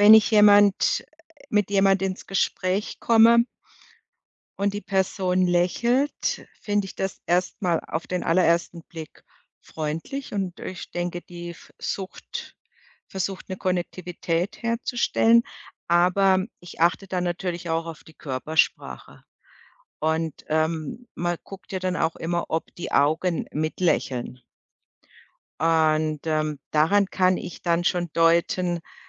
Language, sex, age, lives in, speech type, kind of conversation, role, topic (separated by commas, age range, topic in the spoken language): German, female, 55-59, Germany, conversation, podcast, guest, Wie deutest du ein Lächeln in verschiedenen Situationen?